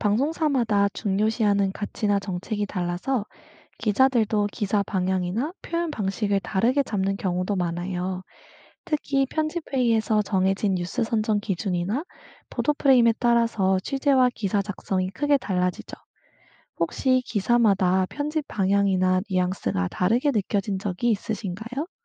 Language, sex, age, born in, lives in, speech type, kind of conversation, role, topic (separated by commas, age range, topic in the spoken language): Korean, female, 25-29, South Korea, United States, read, expert-assistant, expert, 공영방송과 민영방송의 차이점과 각각의 운영 방식은 어떻게 다른가요?